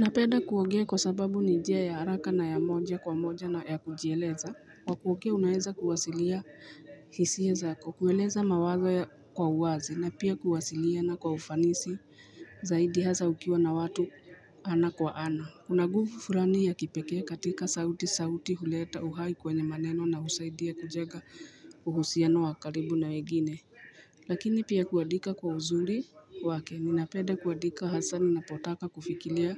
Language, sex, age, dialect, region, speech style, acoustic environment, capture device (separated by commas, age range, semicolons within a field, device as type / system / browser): Swahili, female, 35-44, Kenya - Nairobi Sheng-influenced, Kenya, nervous, people talking, mobile / Linux / Chrome